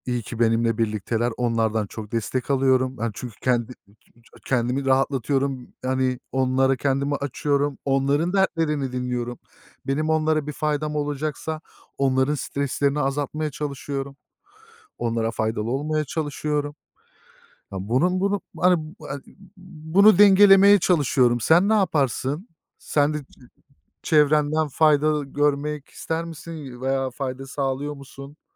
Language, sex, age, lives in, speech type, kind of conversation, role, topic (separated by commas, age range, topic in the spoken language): Turkish, male, 30-34, Germany, conversation, unstructured, none, Stresle başa çıkmak için denediğin yöntemler neler?